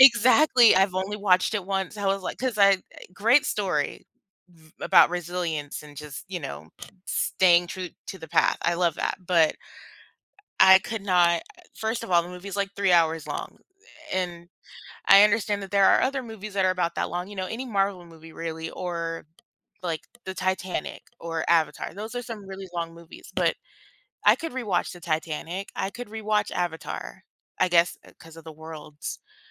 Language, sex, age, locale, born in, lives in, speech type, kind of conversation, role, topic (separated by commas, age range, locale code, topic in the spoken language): English, female, 25-29, en-US, United States, United States, conversation, unstructured, none, Why do some movies stay enjoyable no matter how many times you watch them?